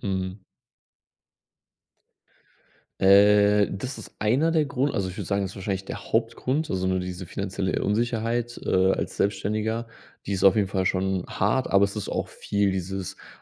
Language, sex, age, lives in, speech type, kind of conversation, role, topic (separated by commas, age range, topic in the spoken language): German, male, 30-34, Germany, conversation, advice, user, Wie kann ich nach Feierabend besser abschalten, wenn meine Gedanken ständig kreisen?